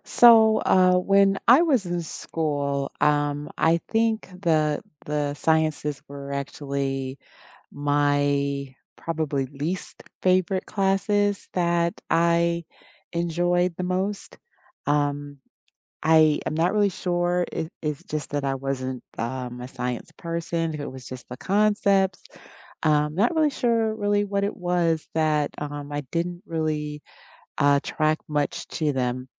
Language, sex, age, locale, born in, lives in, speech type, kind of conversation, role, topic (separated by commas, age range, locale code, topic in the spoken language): English, female, 50-54, en-US, United States, United States, conversation, unstructured, none, Which class did you expect to dislike but ended up loving, and what changed your mind?